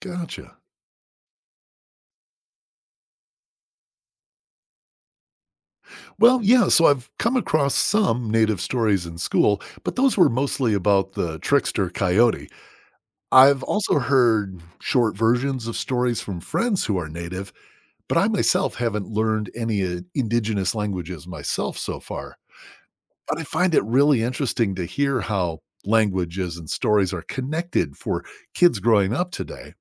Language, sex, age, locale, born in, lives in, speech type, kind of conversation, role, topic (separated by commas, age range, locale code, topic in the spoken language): English, male, 50-54, en-US, United States, United States, read, expert-assistant, asker, How do cultures use storytelling to transmit knowledge?